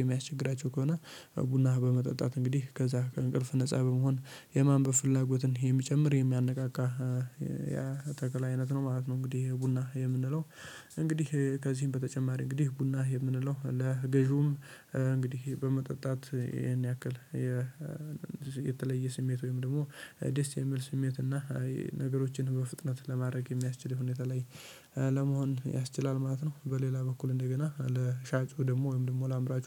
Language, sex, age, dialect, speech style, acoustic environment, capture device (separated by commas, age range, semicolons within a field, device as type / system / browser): Amharic, male, 18-24, Ethiopia - Addis Ababa, happy; focused, silence; nature, mobile / Linux / Chrome